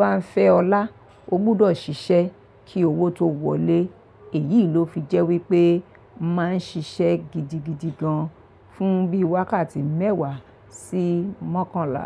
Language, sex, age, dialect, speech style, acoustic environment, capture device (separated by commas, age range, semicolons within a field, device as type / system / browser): Yoruba, female, 45-54, Nigeria - Lagos Yoruba, relaxed, people talking; traffic; appliances, mobile / Linux / Chrome